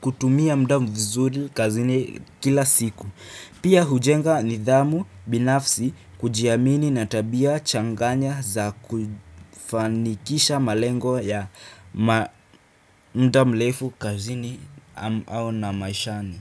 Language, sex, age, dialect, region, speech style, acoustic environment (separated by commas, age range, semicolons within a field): Swahili, female, 25-34, Kenya - Nairobi Sheng-influenced, Kenya, happy; relaxed, nature; silence